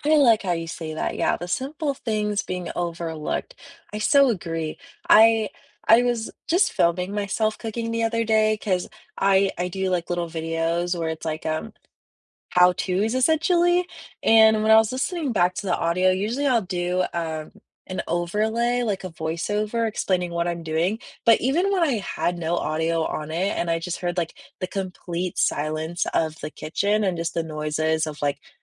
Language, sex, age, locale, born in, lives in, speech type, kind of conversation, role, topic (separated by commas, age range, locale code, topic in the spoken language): English, female, 20-24, en-US, United States, United States, conversation, unstructured, none, When you unplug for an hour, what offline ritual makes you feel most grounded, and why?